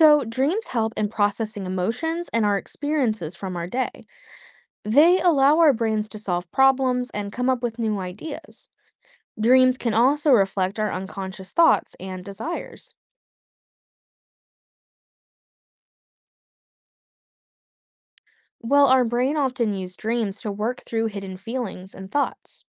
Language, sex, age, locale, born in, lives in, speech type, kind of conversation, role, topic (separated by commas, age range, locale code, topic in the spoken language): English, female, 25-29, en-US, United States, United States, read, expert-assistant, expert, What is the significance of dreams in psychology?